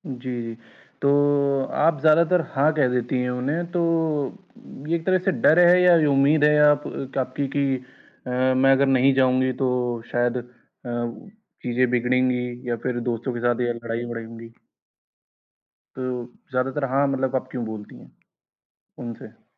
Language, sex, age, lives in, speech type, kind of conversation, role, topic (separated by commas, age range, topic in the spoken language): Hindi, male, 20-24, India, conversation, advice, advisor, मैं दोस्तों के साथ पार्टी और त्योहारों में सामाजिक दबाव और अपेक्षाओं को कैसे संभालूँ?